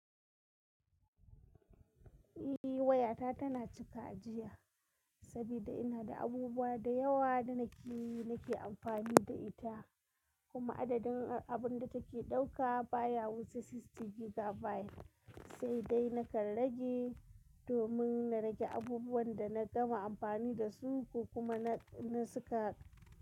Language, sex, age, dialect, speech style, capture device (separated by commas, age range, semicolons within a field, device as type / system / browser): Hausa, female, 25-34, Nigeria - Kano, happy, mobile / Linux / Chrome